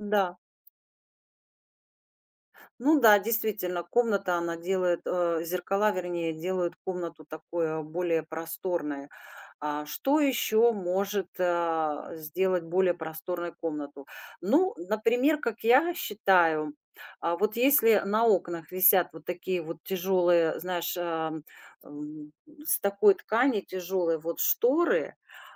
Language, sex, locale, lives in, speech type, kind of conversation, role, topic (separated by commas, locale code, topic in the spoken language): Russian, female, ru-RU, United States, conversation, podcast, guest, Что ты делаешь, чтобы комната казалась просторнее без ремонта?